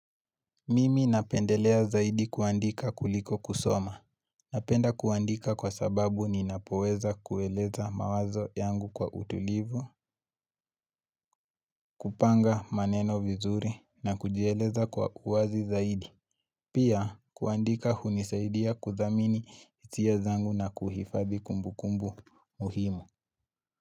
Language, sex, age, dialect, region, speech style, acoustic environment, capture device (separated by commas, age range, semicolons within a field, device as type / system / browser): Swahili, male, 18-24, Kenya - Nairobi Sheng-influenced, Kenya, happy, nature, mobile / Linux / Chrome